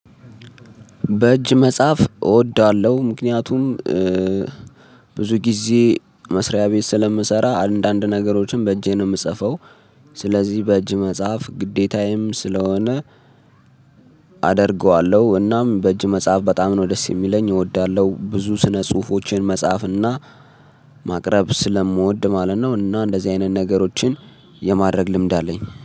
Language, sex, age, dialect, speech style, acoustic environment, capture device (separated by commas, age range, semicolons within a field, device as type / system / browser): Amharic, male, 25-34, Ethiopia - Addis Ababa, focused; excited, silence; traffic, mobile / Linux / Chrome